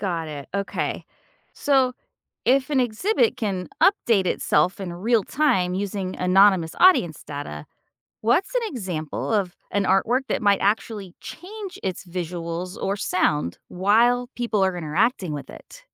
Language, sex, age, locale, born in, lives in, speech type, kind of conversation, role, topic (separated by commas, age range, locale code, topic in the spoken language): English, female, 45-49, en-US, United States, United States, read, expert-assistant, asker, How can digital art become more interactive for audiences?